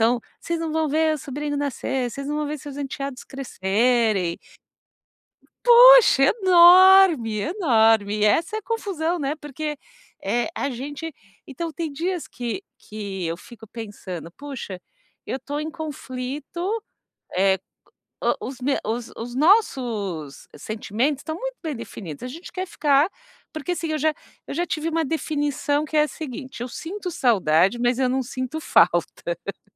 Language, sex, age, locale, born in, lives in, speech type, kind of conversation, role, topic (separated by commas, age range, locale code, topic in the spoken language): Portuguese, female, 45-49, pt-BR, Brazil, United States, conversation, advice, user, Como posso entender as emoções confusas que estou sentindo?